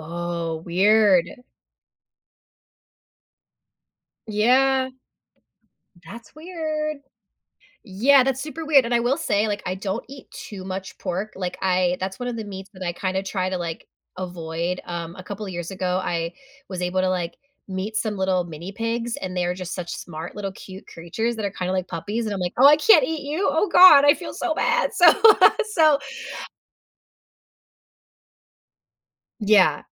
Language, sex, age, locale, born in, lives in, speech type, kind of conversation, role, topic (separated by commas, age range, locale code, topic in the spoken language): English, female, 35-39, en-US, United States, United States, conversation, podcast, guest, Why do shared meals play such an important role in connecting people?